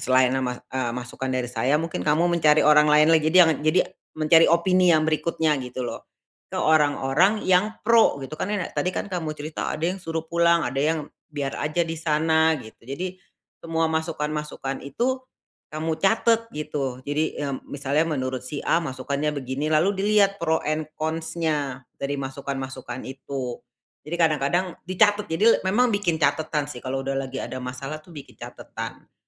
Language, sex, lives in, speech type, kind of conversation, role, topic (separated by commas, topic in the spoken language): Indonesian, female, Indonesia, conversation, advice, advisor, Bagaimana cara mendapatkan dukungan emosional saat merasa terjebak?